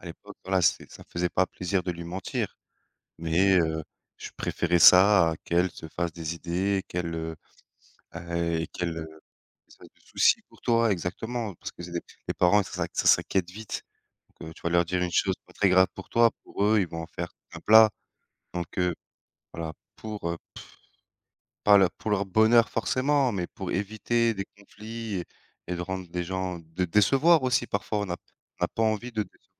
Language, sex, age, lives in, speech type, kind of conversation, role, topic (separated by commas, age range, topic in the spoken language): French, male, 30-34, France, conversation, unstructured, none, Quel rôle joue l’honnêteté dans ta vie ?